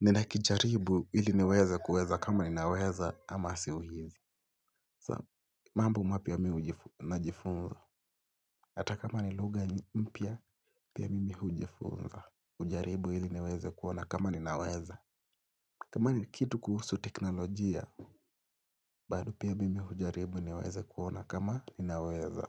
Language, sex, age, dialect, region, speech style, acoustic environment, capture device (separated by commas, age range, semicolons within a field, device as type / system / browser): Swahili, male, 18-24, Kenya - Mombasa, Kenya, relaxed, silence, mobile / Linux / Chrome